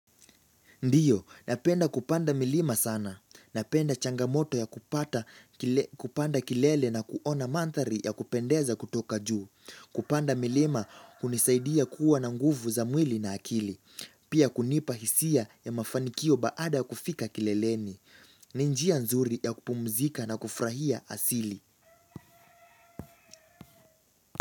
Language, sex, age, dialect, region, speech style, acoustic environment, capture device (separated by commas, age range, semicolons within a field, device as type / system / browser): Swahili, male, 18-24, Kenya - Nairobi Sheng-influenced, Kenya, relaxed; focused; excited, nature, mobile / Linux / Chrome